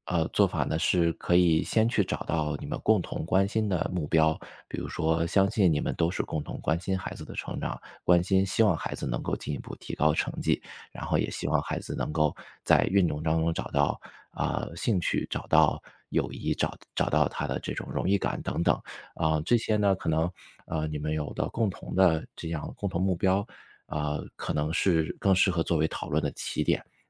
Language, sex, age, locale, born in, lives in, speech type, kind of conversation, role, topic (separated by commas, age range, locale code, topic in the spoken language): Chinese, male, 35-39, zh-CN, China, United States, conversation, advice, advisor, 如何处理家庭成员在亲子教育理念上的严重分歧？